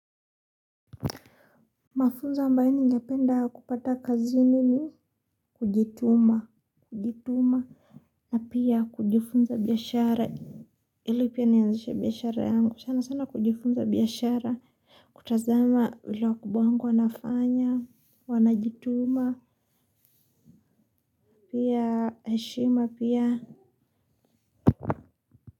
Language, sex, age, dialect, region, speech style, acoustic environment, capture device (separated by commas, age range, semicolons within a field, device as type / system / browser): Swahili, female, 18-24, Kenya - Mombasa, Kenya, happy, silence, mobile / Linux / Chrome